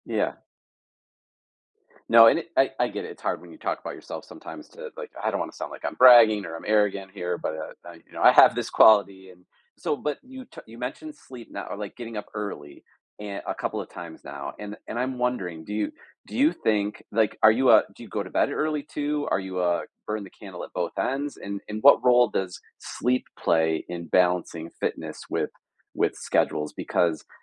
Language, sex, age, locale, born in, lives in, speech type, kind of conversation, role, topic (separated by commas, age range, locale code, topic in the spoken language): English, male, 45-49, en-US, United States, United States, conversation, podcast, host, What are effective ways to stay active when life gets busy?